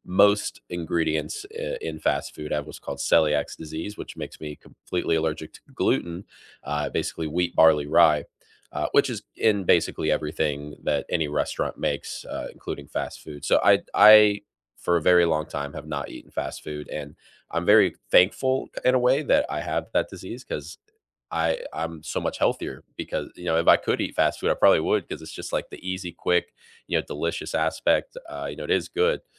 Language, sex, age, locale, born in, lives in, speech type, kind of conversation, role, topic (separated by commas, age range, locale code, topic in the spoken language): English, male, 25-29, en-US, United States, United States, conversation, unstructured, none, What simple rituals have been helping you feel grounded lately?